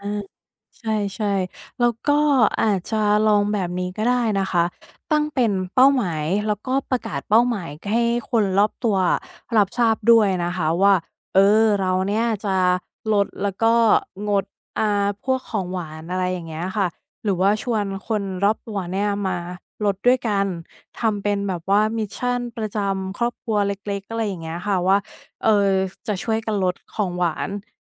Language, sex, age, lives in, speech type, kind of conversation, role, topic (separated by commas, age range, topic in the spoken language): Thai, female, 35-39, Thailand, conversation, advice, advisor, ฉันจะรับมือกับสิ่งกระตุ้นในชีวิตประจำวันที่ทำให้ติดพฤติกรรมไม่ดีได้อย่างไร?